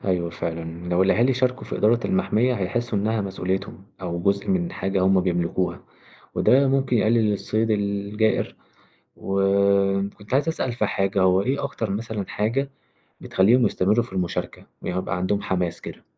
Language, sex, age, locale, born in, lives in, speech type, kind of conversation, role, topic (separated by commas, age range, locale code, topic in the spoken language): Arabic, male, 20-24, ar-EG, Egypt, Egypt, read, expert-assistant, asker, إزاي وجود الإنسان وتدخله في الطبيعة بيغير من شكل وتنوع الكائنات الحية حوالينا؟